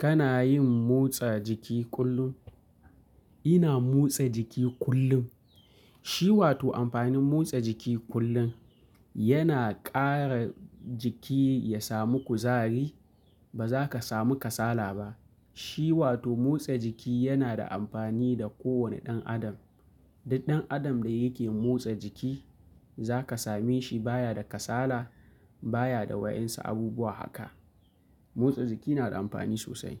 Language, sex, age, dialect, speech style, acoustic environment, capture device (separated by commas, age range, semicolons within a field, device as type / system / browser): Hausa, male, 25-34, Nigeria - Kano, happy, nature; appliances, mobile / Linux / Chrome